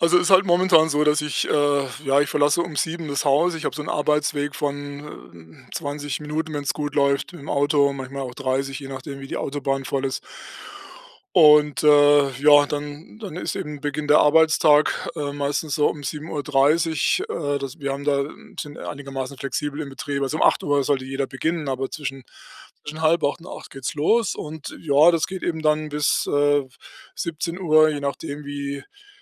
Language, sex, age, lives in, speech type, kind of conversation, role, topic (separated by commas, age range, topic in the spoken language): German, male, 60-64, Germany, conversation, advice, user, Wie plane ich feste Pausen und Erholungszeiten ein, ohne meine Arbeit zu stören?